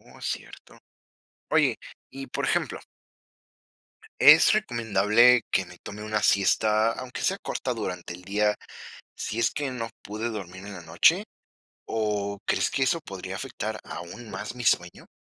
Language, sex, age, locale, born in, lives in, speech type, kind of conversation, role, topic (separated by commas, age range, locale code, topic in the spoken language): Spanish, female, 20-24, es-MX, Mexico, Mexico, read, expert-assistant, asker, ¿Cómo influyen los hábitos de sueño en el rendimiento académico?